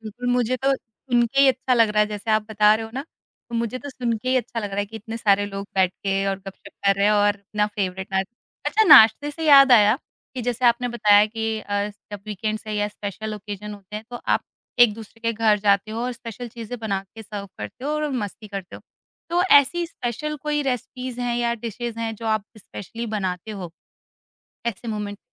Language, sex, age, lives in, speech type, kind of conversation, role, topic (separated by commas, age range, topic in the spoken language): Hindi, female, 30-34, India, conversation, podcast, host, Kya tum aur tumhari family ya dost milkar koi hobby karte ho?